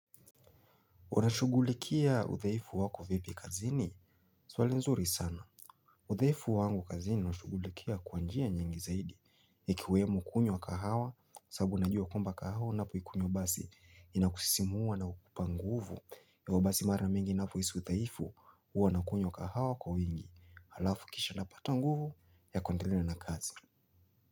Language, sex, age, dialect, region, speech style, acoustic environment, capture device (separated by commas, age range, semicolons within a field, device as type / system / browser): Swahili, male, 25-34, Kenya - Mombasa, Kenya, happy; focused, silence, mobile / Linux / Chrome